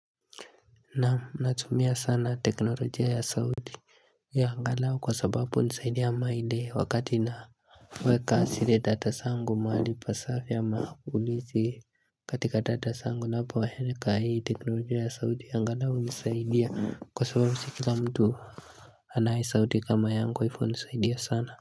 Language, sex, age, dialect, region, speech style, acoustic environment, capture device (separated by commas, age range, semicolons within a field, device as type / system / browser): Swahili, male, 18-24, Kenya - Nairobi Sheng-influenced, Kenya, focused, nature; silence, mobile / Linux / Chrome